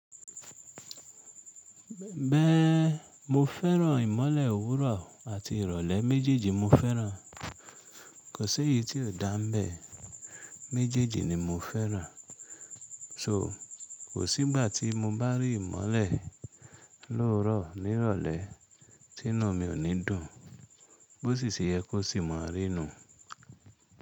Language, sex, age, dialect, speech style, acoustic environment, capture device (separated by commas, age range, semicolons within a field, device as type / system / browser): Yoruba, female, 25-34, Nigeria - Ibadan, relaxed, silence; nature, mobile / Linux / Chrome